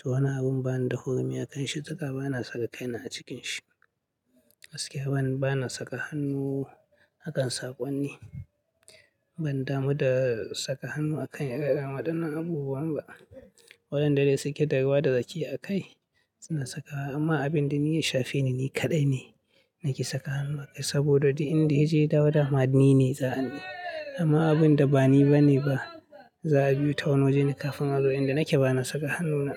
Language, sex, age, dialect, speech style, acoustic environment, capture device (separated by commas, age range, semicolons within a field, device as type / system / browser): Hausa, male, 25-34, Nigeria - Katsina, happy; anxious; nervous; excited; focused; tired; relaxed; stressed, people talking; music; nature; silence; traffic; construction; appliances, mobile / Linux / Chrome